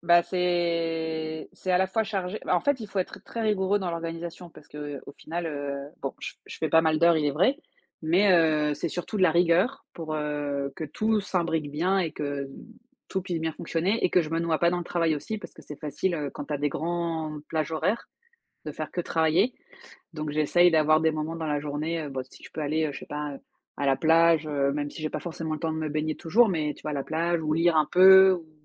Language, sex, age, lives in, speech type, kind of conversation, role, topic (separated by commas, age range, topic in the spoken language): French, female, 35-39, France, conversation, unstructured, none, Quelles habitudes t’aident à bien commencer ta matinée ?